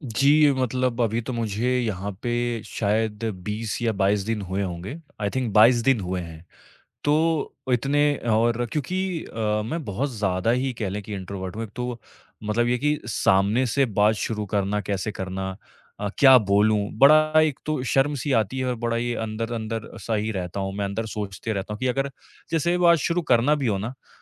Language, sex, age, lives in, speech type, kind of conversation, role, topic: Hindi, male, 25-29, India, conversation, advice, user, नए काम और सहकर्मियों के साथ तालमेल न बैठ पाने पर मैं क्या करूँ?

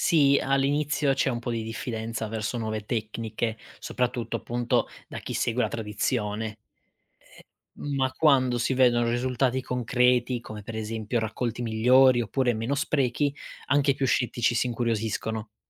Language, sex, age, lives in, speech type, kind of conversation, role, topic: Italian, male, 25-29, Italy, read, expert-assistant, expert, Perché in alcuni paesi comprare cibo locale è visto come fondamentale, mentre in altri si preferisce il prodotto importato?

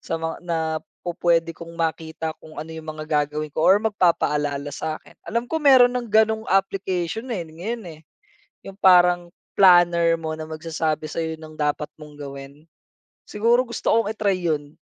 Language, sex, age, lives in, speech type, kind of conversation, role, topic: Filipino, male, 30-34, Philippines, conversation, podcast, guest, Anong aplikasyon o kasangkapan ang ginagamit mo para mas makapagpokus?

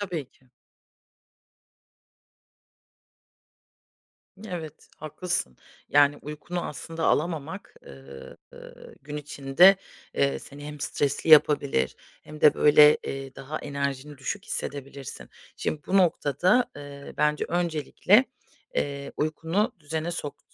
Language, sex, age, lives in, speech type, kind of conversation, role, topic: Turkish, female, 30-34, Germany, conversation, advice, advisor, Evde rahatlayıp dinlenmekte zorlanıyorsanız ne yapabilirsiniz?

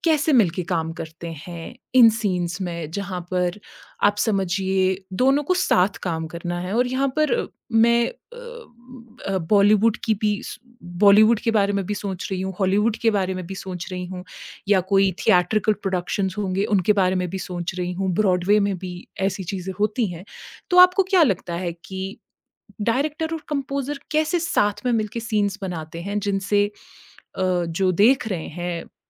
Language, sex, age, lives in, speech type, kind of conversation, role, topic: Hindi, female, 30-34, India, conversation, podcast, host, संगीत किसी कहानी में क्या भूमिका निभाता है?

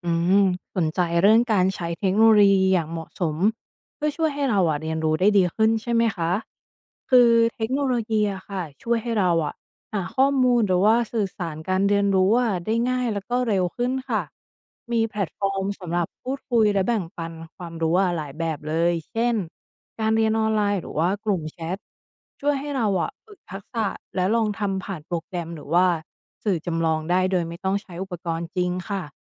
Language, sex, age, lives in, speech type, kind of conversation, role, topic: Thai, female, 35-39, Thailand, read, expert-assistant, expert, การใช้เทคโนโลยีอย่างเหมาะสมช่วยในการเรียนรู้ได้อย่างไร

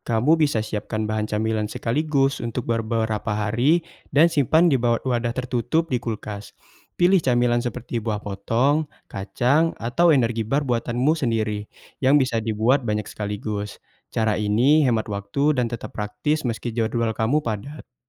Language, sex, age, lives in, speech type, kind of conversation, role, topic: Indonesian, male, 20-24, Indonesia, read, expert-assistant, expert, Bagaimana cara memilih pola makan yang cocok untuk gaya hidup saya?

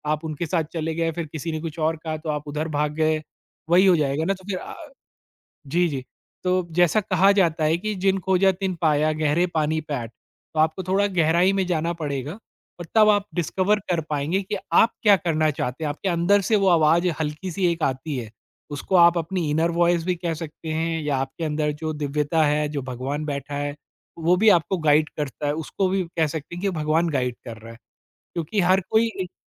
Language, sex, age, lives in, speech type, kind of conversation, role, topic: Hindi, male, 40-44, India, conversation, podcast, host, Jab tumhara bilkul mann nahi hota, tab tum kaam kaise shuru karte ho?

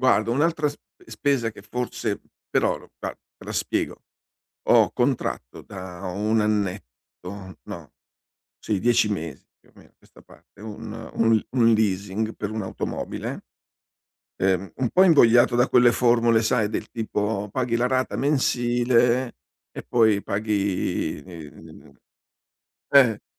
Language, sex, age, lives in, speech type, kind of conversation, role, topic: Italian, male, 60-64, Italy, conversation, advice, user, Come posso ridurre le spese fisse senza sacrificare la qualità della vita?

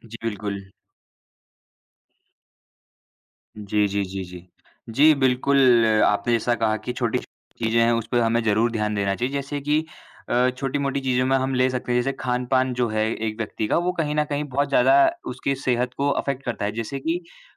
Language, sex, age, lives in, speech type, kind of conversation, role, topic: Hindi, male, 20-24, India, conversation, unstructured, none, स्वस्थ रहने के लिए आपको सबसे ज़रूरी क्या लगता है?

